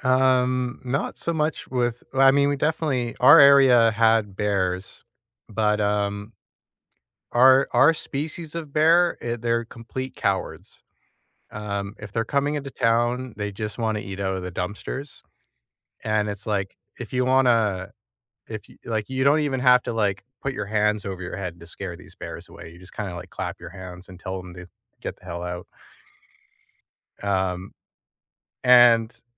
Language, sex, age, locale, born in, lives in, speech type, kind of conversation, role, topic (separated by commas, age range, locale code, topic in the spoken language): English, male, 35-39, en-CA, Canada, Canada, conversation, unstructured, none, What is a school memory that still makes you smile today, and what makes it special to you?